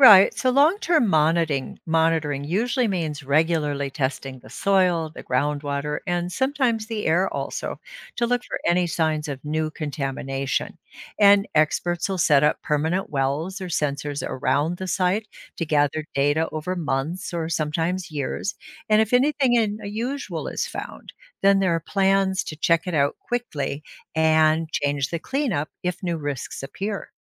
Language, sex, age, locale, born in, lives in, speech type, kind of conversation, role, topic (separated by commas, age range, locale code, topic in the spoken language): English, female, 65-69, en-US, United States, United States, read, expert-assistant, expert, What are the challenges of cleaning up hazardous waste sites?